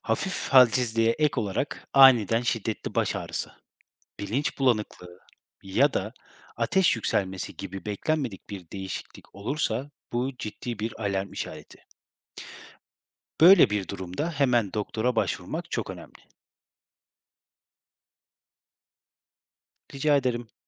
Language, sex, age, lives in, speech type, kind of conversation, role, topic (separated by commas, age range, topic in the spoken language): Turkish, male, 30-34, Germany, read, expert-assistant, expert, Hafif başlayan belirtiler ciddi hastalıkların tanısında gecikmeye neden olur mu?